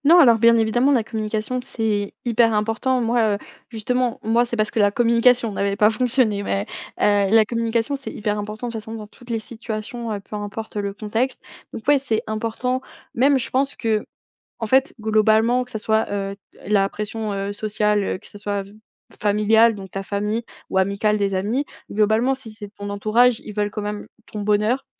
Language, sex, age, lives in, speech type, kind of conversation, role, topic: French, female, 20-24, France, conversation, podcast, guest, Comment définirais-tu le succès personnel ?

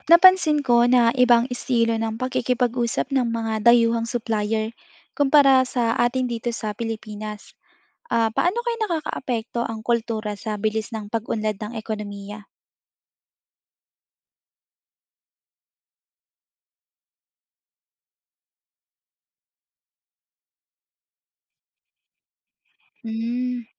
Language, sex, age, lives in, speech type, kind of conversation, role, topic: Filipino, female, 20-24, Philippines, read, expert-assistant, asker, Bakit may mga bansa na mabilis ang pag-unlad ng ekonomiya habang ang iba ay nananatiling mahirap? Ano ang papel ng kultura at panlipunang kaugalian sa prosesong ito?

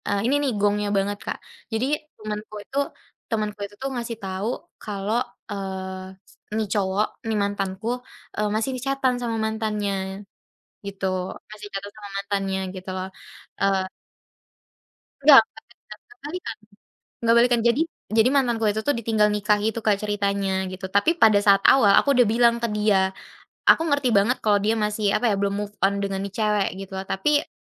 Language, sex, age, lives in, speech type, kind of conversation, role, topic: Indonesian, female, 20-24, Indonesia, conversation, podcast, guest, Bagaimana cara kamu menguji apakah instingmu benar?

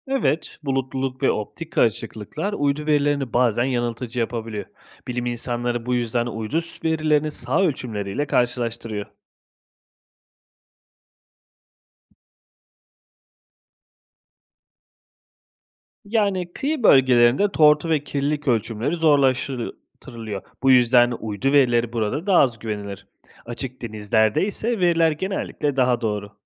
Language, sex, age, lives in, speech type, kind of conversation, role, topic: Turkish, male, 30-34, Italy, read, expert-assistant, expert, Okyanusların dünyadaki oksijenin çoğunu ürettiği doğru mu?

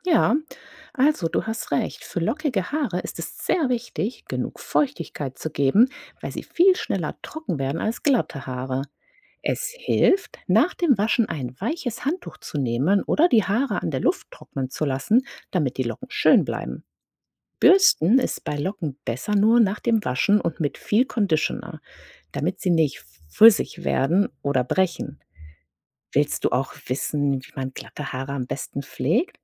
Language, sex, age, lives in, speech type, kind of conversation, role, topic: German, female, 40-44, United States, read, expert-assistant, expert, Warum haben manche Menschen Locken und andere glatte Haare?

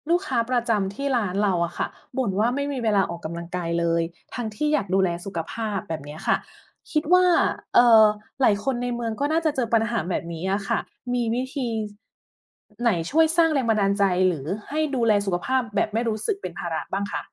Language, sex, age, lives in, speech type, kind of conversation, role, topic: Thai, female, 40-44, Thailand, read, expert-assistant, asker, ถ้าเราอยากให้คนไทยดูแลสุขภาพกันมากขึ้นในชีวิตประจำวัน จะมีวิธีสร้างแรงบันดาลใจแบบใหม่ๆ ได้อย่างไรบ้าง?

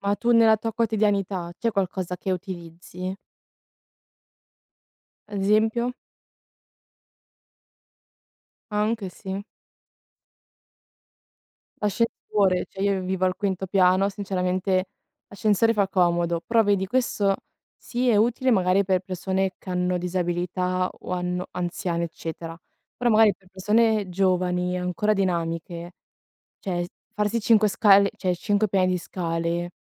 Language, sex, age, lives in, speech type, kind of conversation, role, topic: Italian, female, 20-24, Italy, conversation, unstructured, none, Come può la tecnologia aiutarci a risolvere i problemi quotidiani?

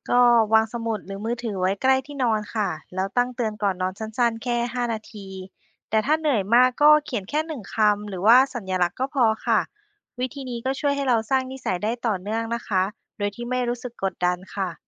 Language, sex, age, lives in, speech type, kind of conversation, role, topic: Thai, female, 30-34, Thailand, read, expert-assistant, expert, ถ้าต้องการสร้างนิสัยความเป็นผู้นำในชีวิตประจำวัน มีวิธีฝึกฝนหรือปรับเปลี่ยนกิจวัตรอย่างไรบ้างที่ได้ผลจริง?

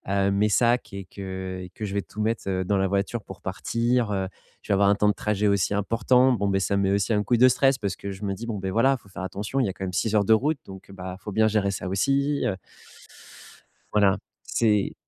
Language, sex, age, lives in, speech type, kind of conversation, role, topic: French, male, 30-34, France, conversation, advice, user, Comment poser des limites au quotidien pour réduire mon stress lié à ce que je peux contrôler ?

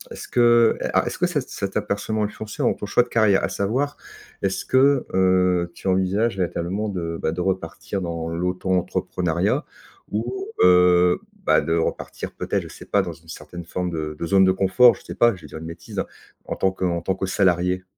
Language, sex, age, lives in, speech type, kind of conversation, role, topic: French, male, 50-54, France, conversation, podcast, host, Comment te remets-tu d’un échec professionnel, franchement ?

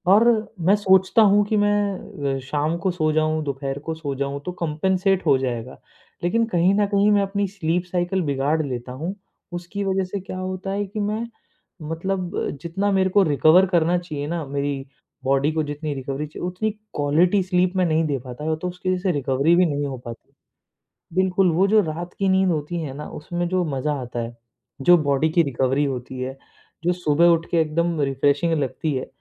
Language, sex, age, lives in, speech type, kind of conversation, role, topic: Hindi, male, 25-29, India, conversation, podcast, guest, Neend ki kami mehsoos hoti hai toh sabse pehla nishaan kya hota hai?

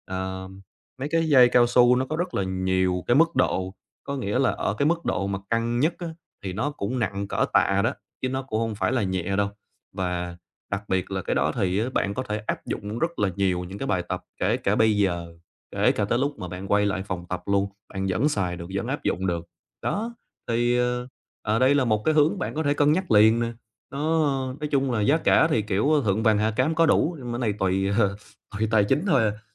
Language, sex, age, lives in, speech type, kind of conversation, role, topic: Vietnamese, male, 25-29, Vietnam, conversation, advice, advisor, Làm sao để giữ động lực trong thời gian phải nghỉ tập dài hạn?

